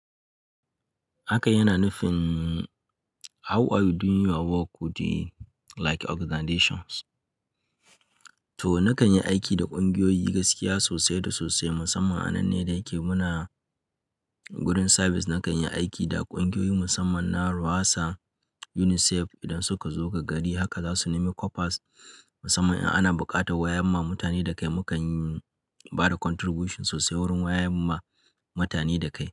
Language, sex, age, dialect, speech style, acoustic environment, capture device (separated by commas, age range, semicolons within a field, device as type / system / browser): Hausa, male, 25-34, Nigeria - Kano, happy, people talking, mobile / Linux / Chrome